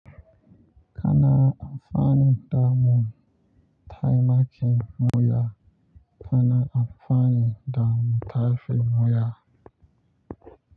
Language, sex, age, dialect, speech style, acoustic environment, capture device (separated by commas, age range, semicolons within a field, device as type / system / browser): Hausa, male, 25-34, Nigeria - Lagos Yoruba, focused, nature, mobile / Linux / Chrome